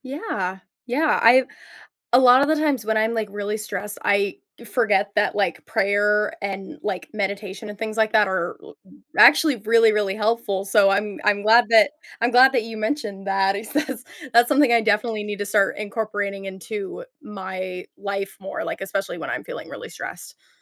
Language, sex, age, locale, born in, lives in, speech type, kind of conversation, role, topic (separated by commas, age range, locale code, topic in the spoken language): English, female, 20-24, en-US, United States, United States, conversation, advice, user, How can I manage daily responsibilities without feeling constantly stressed?